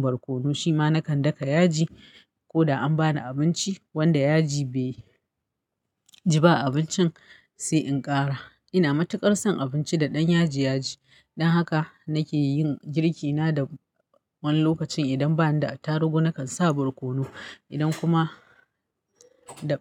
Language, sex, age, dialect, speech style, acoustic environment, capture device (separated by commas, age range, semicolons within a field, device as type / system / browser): Hausa, female, 35-44, Nigeria - Kano, happy, nature; silence, mobile / Linux / Chrome